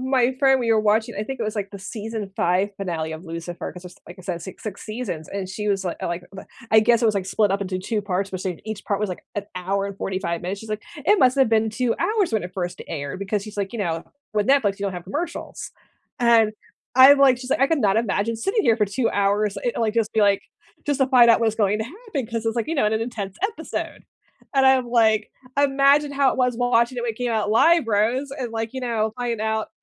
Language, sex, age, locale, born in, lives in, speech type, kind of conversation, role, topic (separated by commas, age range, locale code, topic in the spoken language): English, female, 40-44, en-US, United States, United States, conversation, unstructured, none, When life feels heavy, what comfort shows or films do you return to, and why?